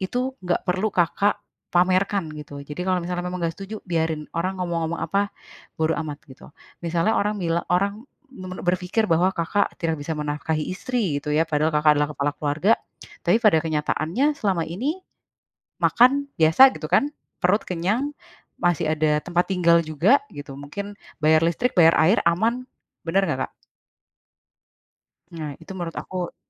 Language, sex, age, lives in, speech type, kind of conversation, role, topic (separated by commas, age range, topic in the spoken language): Indonesian, female, 30-34, Indonesia, conversation, advice, advisor, Bagaimana cara membangun kembali rasa harga diri saya?